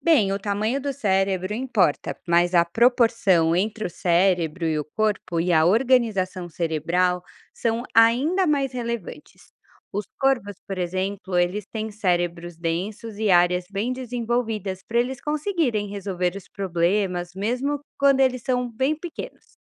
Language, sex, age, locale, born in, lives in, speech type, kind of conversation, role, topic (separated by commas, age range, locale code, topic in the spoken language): Portuguese, female, 35-39, pt-BR, Brazil, Portugal, read, expert-assistant, expert, Quais fatores levaram ao surgimento da inteligência em alguns animais ao longo da evolução?